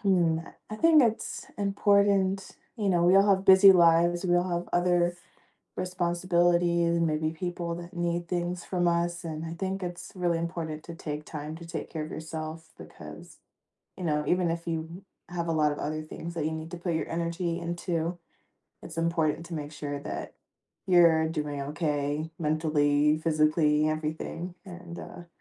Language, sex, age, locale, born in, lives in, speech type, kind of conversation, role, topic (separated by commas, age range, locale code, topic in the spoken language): English, female, 30-34, en-US, United States, United States, conversation, podcast, guest, Why is self-care important for maintaining overall well-being?